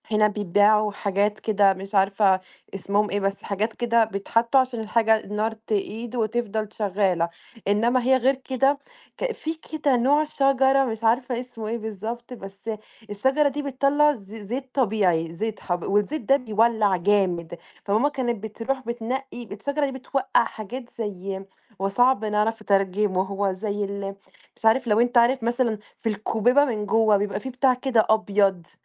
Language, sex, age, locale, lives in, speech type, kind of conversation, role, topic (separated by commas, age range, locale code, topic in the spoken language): Arabic, female, 20-24, ar-EG, Italy, conversation, unstructured, none, إزاي العيد بيجمع العيلة والأصحاب مع بعض؟